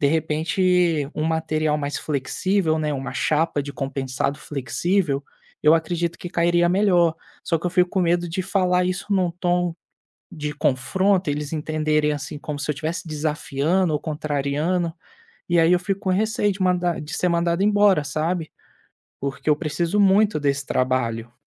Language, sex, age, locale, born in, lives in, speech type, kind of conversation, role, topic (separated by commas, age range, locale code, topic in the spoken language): Portuguese, male, 25-29, pt-BR, Brazil, Spain, conversation, advice, user, Como posso expressar opiniões contrárias no trabalho sem medo de ser julgado?